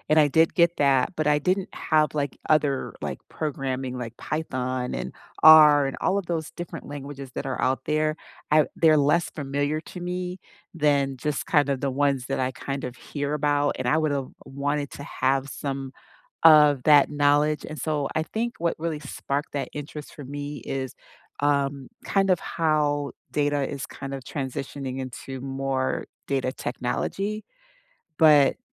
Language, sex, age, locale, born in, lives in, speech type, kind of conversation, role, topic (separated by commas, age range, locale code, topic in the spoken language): English, female, 50-54, en-US, United States, United States, conversation, unstructured, none, If time and money were no object, what dream skill would you pursue—and why?